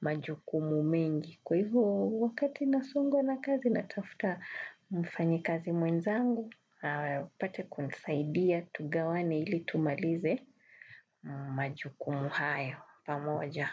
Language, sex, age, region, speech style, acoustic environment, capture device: Swahili, female, 35-44, Kenya, relaxed, music, desktop / Windows / Chrome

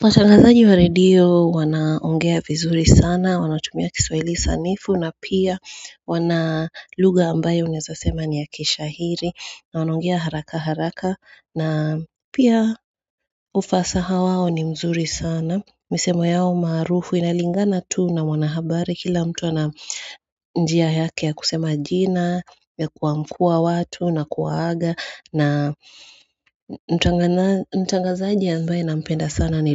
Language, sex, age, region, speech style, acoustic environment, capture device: Swahili, female, 35-44, Kenya, relaxed, nature, mobile / Android / Chrome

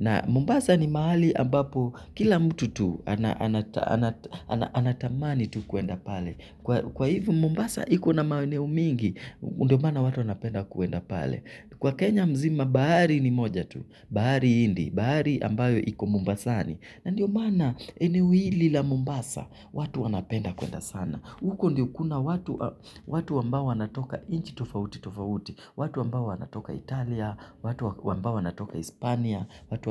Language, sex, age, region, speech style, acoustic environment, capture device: Swahili, male, 25-34, Kenya, excited, silence, mobile / Linux / Chrome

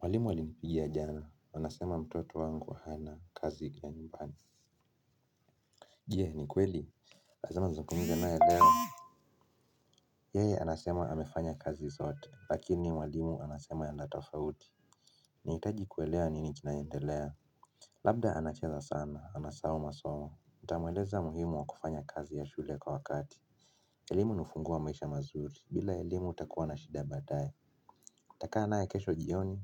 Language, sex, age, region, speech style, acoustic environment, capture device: Swahili, male, 25-34, Kenya, relaxed, silence, mobile / Linux / Chrome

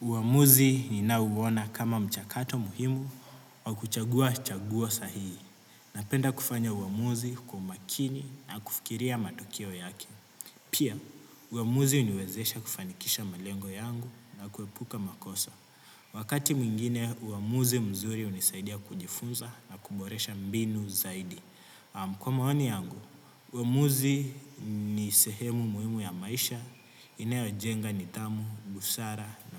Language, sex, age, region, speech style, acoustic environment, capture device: Swahili, male, 18-24, Kenya, happy; relaxed; focused; excited, nature, desktop / Windows / Chrome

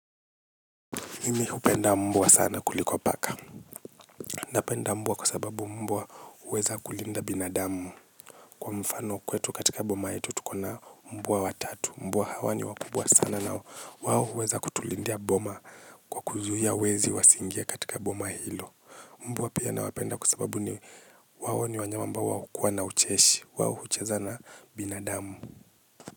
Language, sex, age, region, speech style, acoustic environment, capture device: Swahili, male, 25-34, Kenya, relaxed, silence, mobile / Linux / Chrome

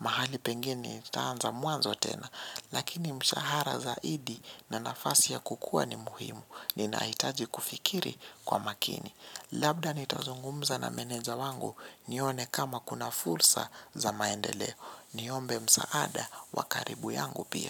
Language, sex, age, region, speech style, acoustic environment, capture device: Swahili, male, 18-24, Kenya, relaxed, silence, mobile / Linux / Chrome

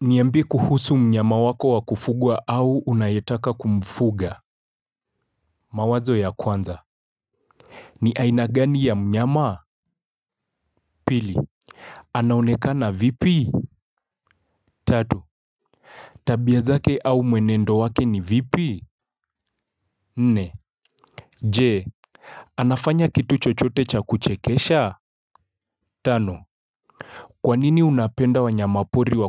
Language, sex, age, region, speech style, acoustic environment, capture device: Swahili, male, 25-34, Kenya, focused, silence, mobile / Linux / Chrome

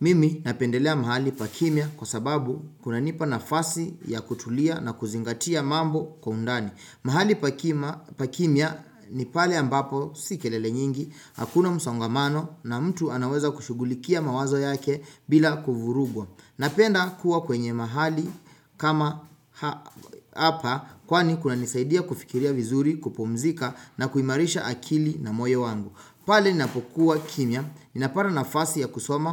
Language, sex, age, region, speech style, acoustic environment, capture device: Swahili, male, 25-34, Kenya, relaxed; focused, silence, desktop / Windows / Chrome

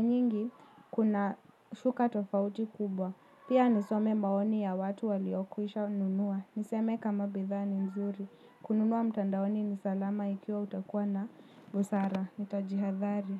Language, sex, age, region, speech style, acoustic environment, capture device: Swahili, female, 18-24, Kenya, happy, people talking, mobile / Linux / Chrome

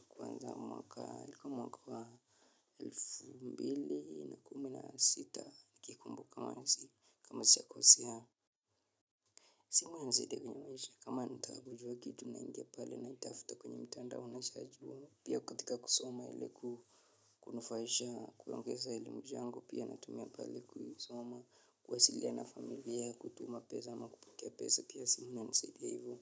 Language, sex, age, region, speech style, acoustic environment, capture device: Swahili, male, 25-34, Kenya, anxious, nature, mobile / Linux / Chrome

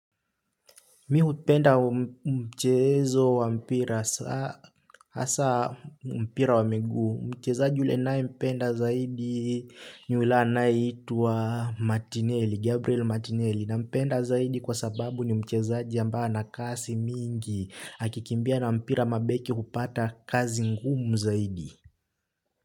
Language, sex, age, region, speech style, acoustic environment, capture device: Swahili, male, 18-24, Kenya, relaxed, nature; silence, desktop / Linux / Chrome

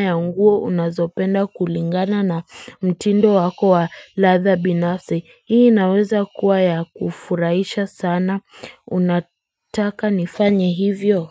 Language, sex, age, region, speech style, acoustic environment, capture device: Swahili, female, 18-24, Kenya, excited; focused, nature, mobile / Linux / Chrome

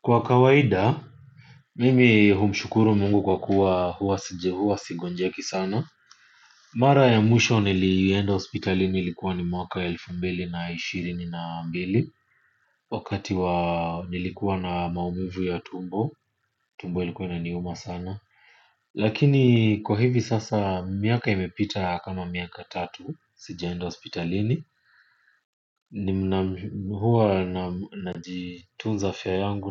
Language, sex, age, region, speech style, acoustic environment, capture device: Swahili, male, 25-34, Kenya, focused, silence, mobile / Linux / Chrome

